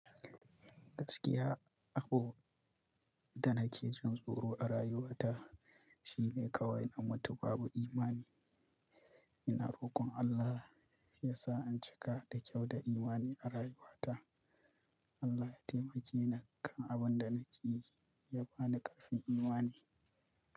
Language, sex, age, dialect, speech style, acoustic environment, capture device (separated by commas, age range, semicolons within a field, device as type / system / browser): Hausa, male, 25-34, Nigeria - Kano, excited, silence, mobile / Linux / Chrome